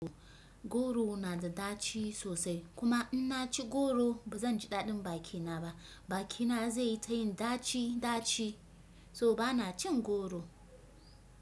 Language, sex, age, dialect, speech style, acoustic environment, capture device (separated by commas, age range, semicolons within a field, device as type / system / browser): Hausa, female, 25-34, Nigeria - Kano, focused, nature, desktop / Windows / Chrome